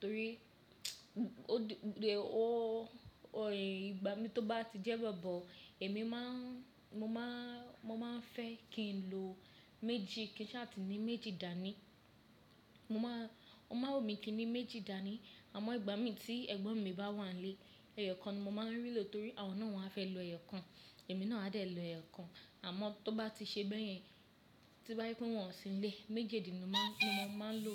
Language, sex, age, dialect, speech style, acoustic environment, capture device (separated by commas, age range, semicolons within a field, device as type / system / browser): Yoruba, female, 25-34, Nigeria - Lagos Yoruba, happy; excited, people talking; silence, mobile / Linux / Chrome